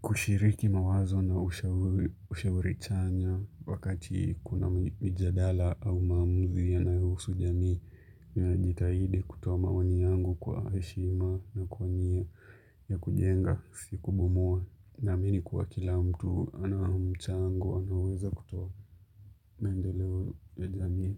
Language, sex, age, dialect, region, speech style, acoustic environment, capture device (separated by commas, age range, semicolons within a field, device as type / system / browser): Swahili, male, 25-34, Kenya - Mombasa, Kenya, relaxed, silence, mobile / Linux / Chrome